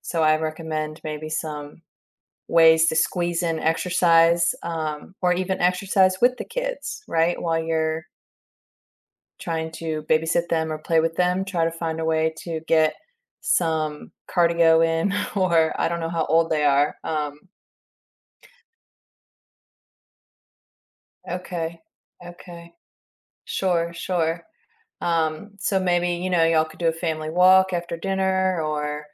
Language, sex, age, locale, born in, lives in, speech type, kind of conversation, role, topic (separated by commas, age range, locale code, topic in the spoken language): English, female, 35-39, en-US, United States, United States, conversation, advice, advisor, How can I balance multiple projects and avoid burnout?